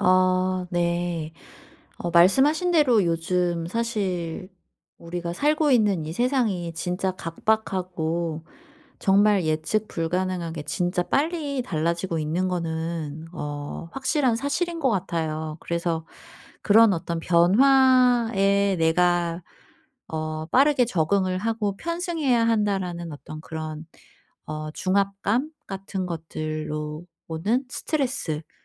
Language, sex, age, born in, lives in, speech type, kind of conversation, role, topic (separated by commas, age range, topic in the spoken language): Korean, female, 40-44, South Korea, South Korea, conversation, advice, advisor, 불확실한 상황에서 감정을 어떻게 안정시키고 앞으로 나아갈 수 있을까요?